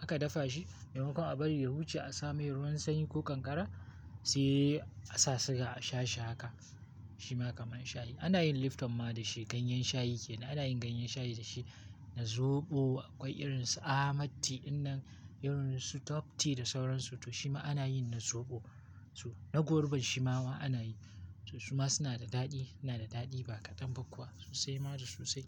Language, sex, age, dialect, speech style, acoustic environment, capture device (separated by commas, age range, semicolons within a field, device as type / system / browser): Hausa, male, 18-24, Nigeria - Kano, happy, silence, mobile / Linux / Chrome